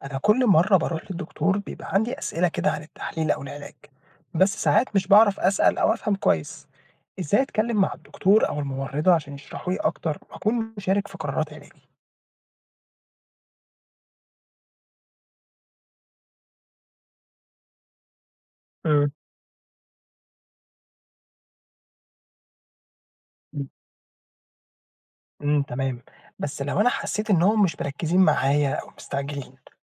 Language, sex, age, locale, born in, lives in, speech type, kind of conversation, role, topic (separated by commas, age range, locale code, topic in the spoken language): Arabic, male, 20-24, ar-EG, Egypt, Egypt, read, expert-assistant, asker, إيه أحسن الطرق أتكلم بيها مع دكاترة أو ممرضين عشان أفهم حالتي الصحية وأشارك أكتر في قرارات العلاج؟